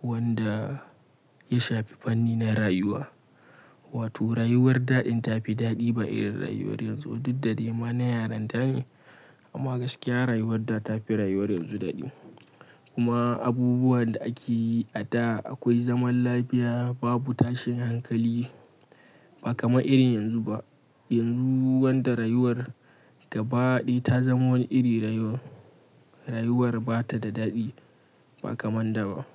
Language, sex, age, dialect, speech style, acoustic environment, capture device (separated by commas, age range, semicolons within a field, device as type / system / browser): Hausa, male, 25-34, Nigeria - Kano, happy, silence, mobile / Linux / Chrome